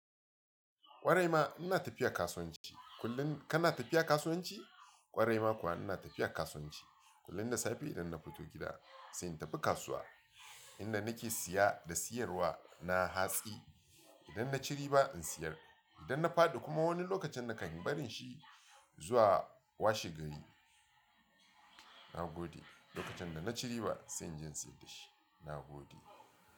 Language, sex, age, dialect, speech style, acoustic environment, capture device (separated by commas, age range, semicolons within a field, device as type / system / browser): Hausa, male, 35-44, Nigeria - Lagos Yoruba, relaxed, music; appliances, mobile / Linux / Chrome